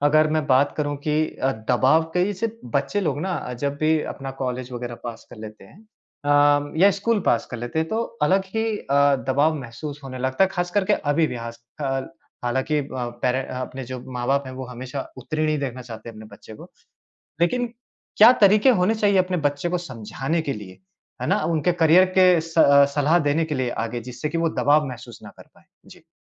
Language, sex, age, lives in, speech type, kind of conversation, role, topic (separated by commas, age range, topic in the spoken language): Hindi, male, 30-34, India, conversation, podcast, host, क्या बच्चे आज ज़्यादा दबाव महसूस करते हैं और इसे कैसे कम किया जा सकता है?